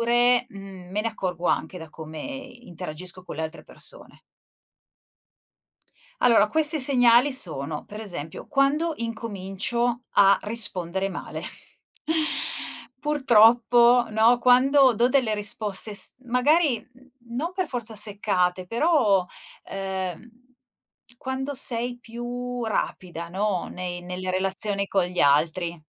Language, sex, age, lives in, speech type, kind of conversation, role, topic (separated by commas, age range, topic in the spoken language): Italian, female, 45-49, Italy, conversation, podcast, guest, Come gestisci lo stress quotidiano?